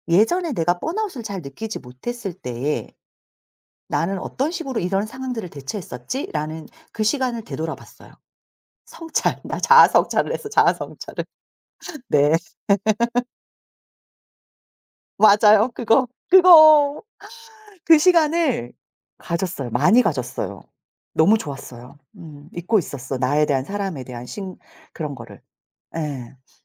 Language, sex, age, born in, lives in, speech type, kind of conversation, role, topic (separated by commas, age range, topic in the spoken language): Korean, female, 40-44, South Korea, South Korea, conversation, podcast, guest, 번아웃을 느꼈을 때 어떻게 회복하셨나요?